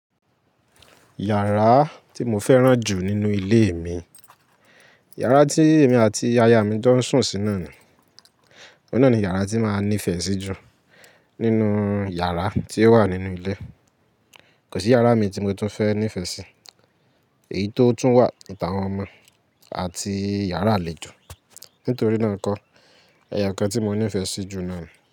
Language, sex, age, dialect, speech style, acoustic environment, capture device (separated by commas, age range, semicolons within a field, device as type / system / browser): Yoruba, male, 18-24, Nigeria - Lagos Yoruba, happy; excited; focused, nature, mobile / Linux / Chrome